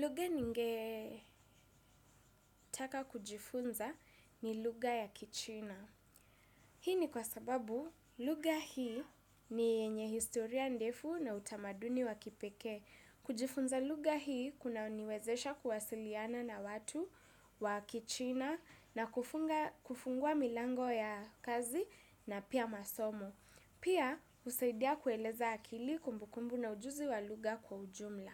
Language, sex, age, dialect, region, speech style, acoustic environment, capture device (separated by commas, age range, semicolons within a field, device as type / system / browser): Swahili, female, 18-24, Kenya - Nairobi Sheng-influenced, Kenya, happy, silence, desktop / Windows / Chrome